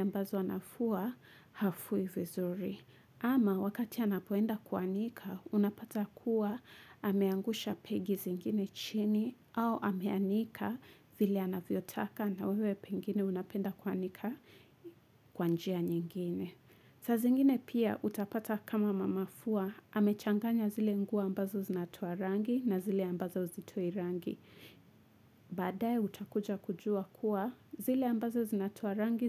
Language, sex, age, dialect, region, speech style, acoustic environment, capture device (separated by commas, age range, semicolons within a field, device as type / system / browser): Swahili, female, 25-34, Kenya - Mombasa, Kenya, nervous, nature, mobile / Linux / Chrome